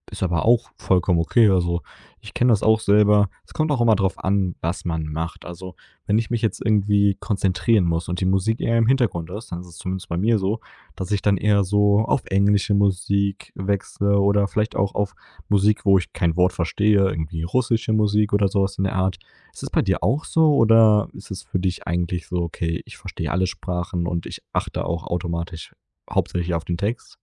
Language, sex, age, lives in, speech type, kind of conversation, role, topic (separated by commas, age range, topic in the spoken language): German, male, 20-24, Germany, conversation, podcast, host, Wie hat deine Herkunft deinen Musikgeschmack geprägt?